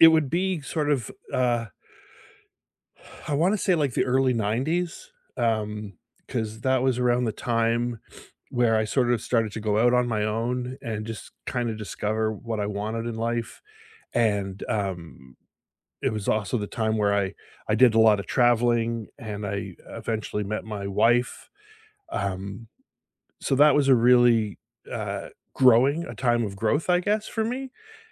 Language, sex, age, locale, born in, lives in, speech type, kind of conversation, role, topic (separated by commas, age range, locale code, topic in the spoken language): English, male, 55-59, en-CA, Canada, United States, conversation, unstructured, none, Which era of your life fills you with the most nostalgia, and what makes it meaningful to you?